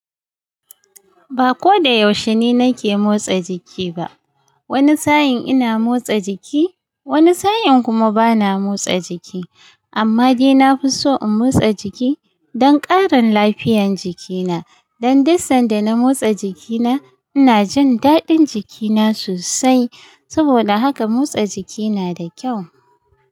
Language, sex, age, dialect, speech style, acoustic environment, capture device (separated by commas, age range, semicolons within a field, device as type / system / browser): Hausa, female, 35-44, Nigeria - Kano, happy; excited, nature, mobile / Linux / Chrome